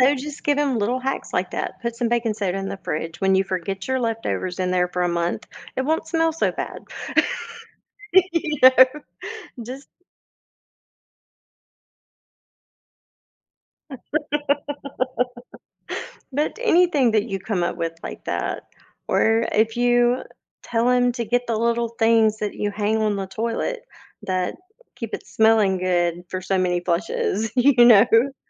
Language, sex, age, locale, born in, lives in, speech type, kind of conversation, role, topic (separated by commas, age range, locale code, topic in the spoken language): English, female, 45-49, en-US, United States, United States, conversation, advice, advisor, How can I find happiness again after a major life change?